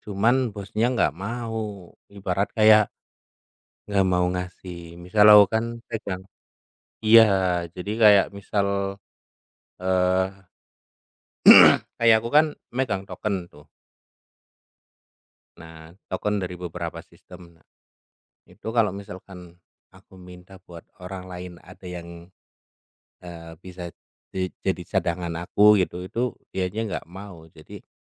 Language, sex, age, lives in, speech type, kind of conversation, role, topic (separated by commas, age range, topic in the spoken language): Indonesian, male, 30-34, Indonesia, conversation, unstructured, none, Bagaimana kamu menyeimbangkan pekerjaan dan kehidupan pribadi?